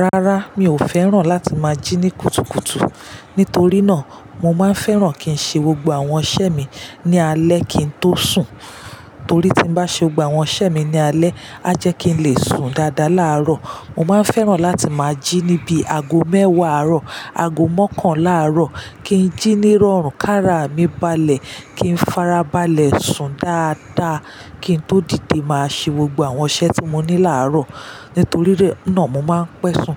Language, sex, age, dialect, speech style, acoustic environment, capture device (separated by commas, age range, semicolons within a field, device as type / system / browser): Yoruba, female, 35-44, Nigeria - Lagos Yoruba, relaxed, silence, mobile / Linux / Chrome